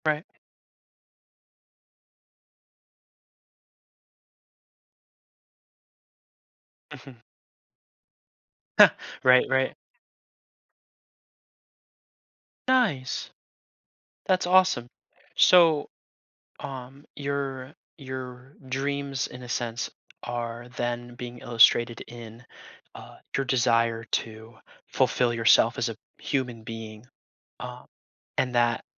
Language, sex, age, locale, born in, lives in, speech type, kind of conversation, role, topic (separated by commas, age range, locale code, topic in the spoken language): English, male, 20-24, en-US, United States, United States, conversation, podcast, host, How have your aspirations changed as you’ve grown and experienced new things?